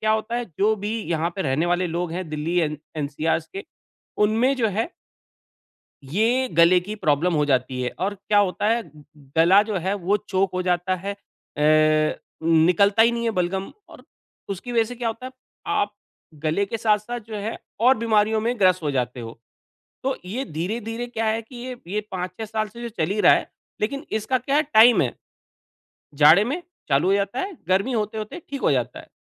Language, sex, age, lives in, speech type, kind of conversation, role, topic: Hindi, male, 40-44, India, conversation, advice, user, आपके स्वास्थ्य में अचानक क्या बदलाव हुआ है?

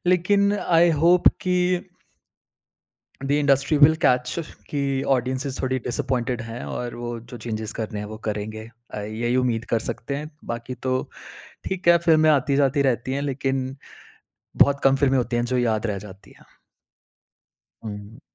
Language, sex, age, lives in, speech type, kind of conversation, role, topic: Hindi, male, 30-34, India, conversation, podcast, guest, Aapko sabse zyada chhoo jaane wali kahani kaun si thi?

